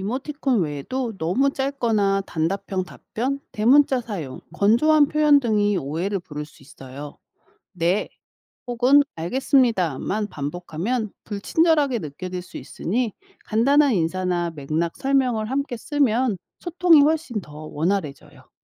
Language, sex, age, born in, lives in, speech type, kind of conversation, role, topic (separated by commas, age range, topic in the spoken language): Korean, female, 45-49, South Korea, United States, read, expert-assistant, expert, 직장에서 해외 동료들과 협업할 때, 문화 차이를 현명하게 극복하는 방법에는 어떤 것들이 있을까요?